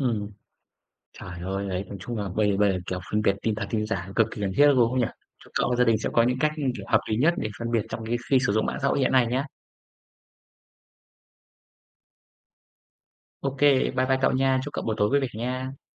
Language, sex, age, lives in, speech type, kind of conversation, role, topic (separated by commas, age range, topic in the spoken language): Vietnamese, male, 25-29, Vietnam, conversation, unstructured, none, Làm thế nào để phân biệt tin thật và tin giả trong bối cảnh hiện nay?